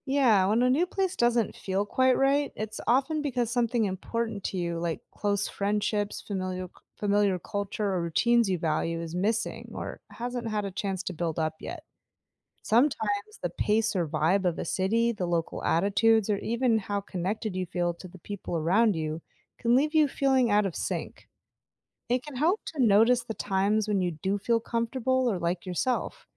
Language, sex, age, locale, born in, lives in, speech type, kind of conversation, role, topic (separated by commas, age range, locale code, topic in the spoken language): English, female, 35-39, en-US, United States, United States, read, expert-assistant, expert, What makes a place feel like home?